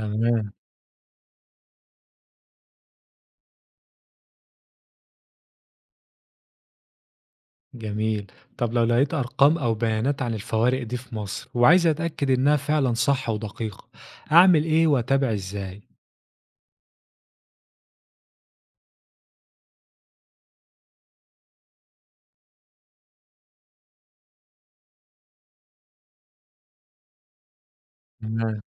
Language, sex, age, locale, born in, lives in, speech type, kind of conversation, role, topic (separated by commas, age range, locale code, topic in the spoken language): Arabic, male, 25-29, ar-EG, Egypt, Egypt, read, expert-assistant, asker, لو عايز أفهم أكتر عن ليه في ناس أغنى من ناس في مصر، أبتدي أدور منين وأعرف إن المعلومات دي صح؟